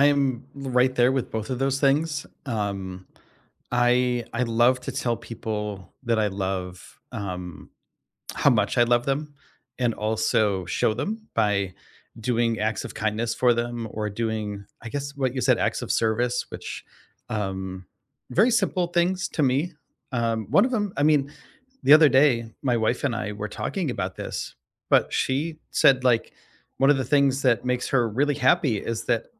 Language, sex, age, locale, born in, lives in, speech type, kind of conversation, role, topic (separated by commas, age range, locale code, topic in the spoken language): English, male, 45-49, en-US, United States, United States, conversation, unstructured, none, How can you put your love languages into action in everyday life?